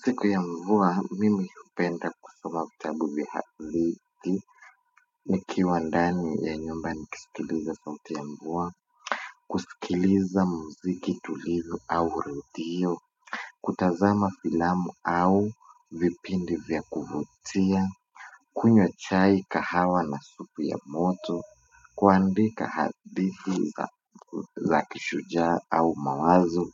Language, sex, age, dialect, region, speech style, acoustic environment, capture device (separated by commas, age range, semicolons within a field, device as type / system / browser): Swahili, male, 18-24, Kenya - Nairobi Sheng-influenced, Kenya, happy; anxious; excited; nervous; focused; tired; relaxed; stressed, appliances; silence; people talking; music; nature; construction; traffic, mobile / Linux / Chrome